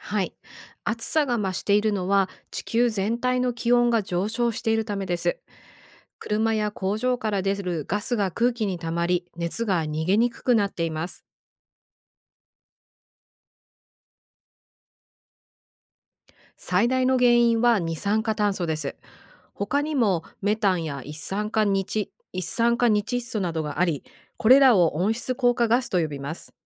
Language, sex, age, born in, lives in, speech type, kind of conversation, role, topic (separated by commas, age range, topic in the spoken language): Japanese, female, 45-49, Japan, United States, read, expert-assistant, expert, 夏がどんどん暑くなっているのは、地球の気候にどんな問題が起きているからですか？